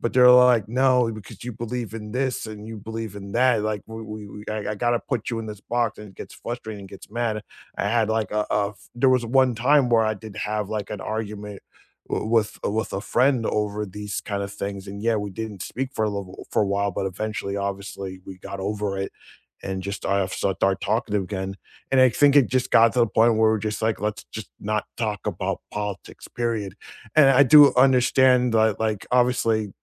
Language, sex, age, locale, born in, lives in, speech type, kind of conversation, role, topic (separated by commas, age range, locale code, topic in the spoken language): English, male, 35-39, en-US, United States, United States, conversation, unstructured, none, Have you ever felt angry when someone used your past against you?